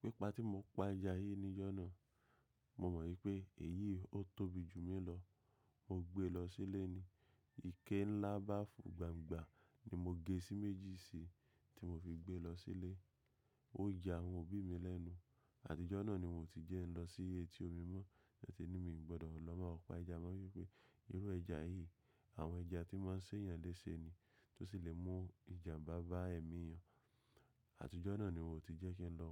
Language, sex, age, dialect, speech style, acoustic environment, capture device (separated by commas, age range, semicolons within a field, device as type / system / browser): Yoruba, male, 25-34, Nigeria - Lagos Yoruba, happy, silence; nature, mobile / macOS / Safari